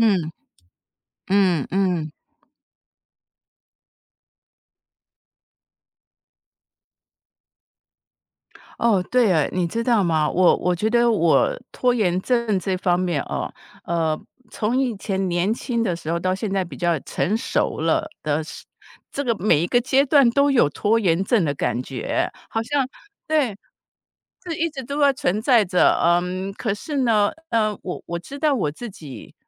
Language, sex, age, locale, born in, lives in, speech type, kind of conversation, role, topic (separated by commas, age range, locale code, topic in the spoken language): Chinese, female, 55-59, zh-TW, Taiwan, United States, conversation, podcast, guest, 有哪些方法可以避免拖延症？